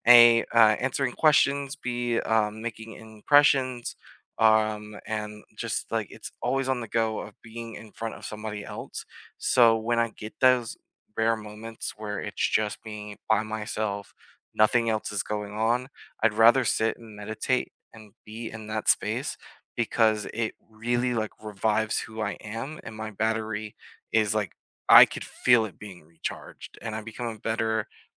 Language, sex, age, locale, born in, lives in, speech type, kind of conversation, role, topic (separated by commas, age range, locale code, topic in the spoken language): English, male, 25-29, en-US, United States, United States, conversation, unstructured, none, How do you recharge after a busy day?